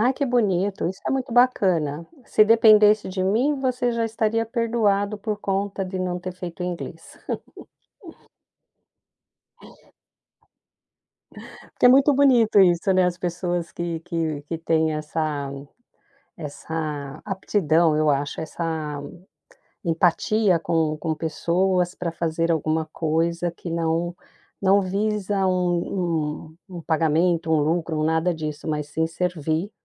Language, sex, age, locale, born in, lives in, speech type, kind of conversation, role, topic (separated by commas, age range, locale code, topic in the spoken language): Portuguese, female, 55-59, pt-BR, Brazil, United States, conversation, podcast, host, Me conte sobre uma decisão da qual você ainda se arrepende?